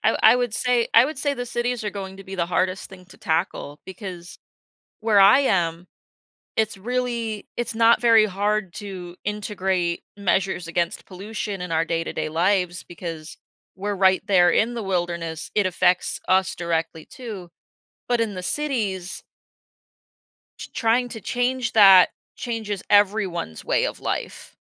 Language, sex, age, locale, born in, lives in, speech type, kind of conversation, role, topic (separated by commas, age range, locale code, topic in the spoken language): English, female, 25-29, en-US, United States, United States, conversation, podcast, guest, What challenges do animals face when adapting to changes in their environment?